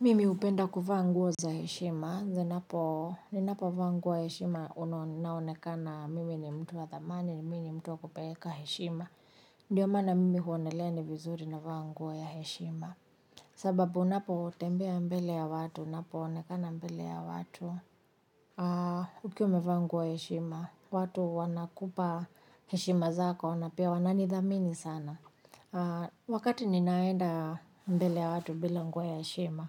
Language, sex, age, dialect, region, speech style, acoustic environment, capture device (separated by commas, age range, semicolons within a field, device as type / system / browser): Swahili, female, 35-44, Kenya - Nairobi Sheng-influenced, Kenya, happy, nature, mobile / Linux / Chrome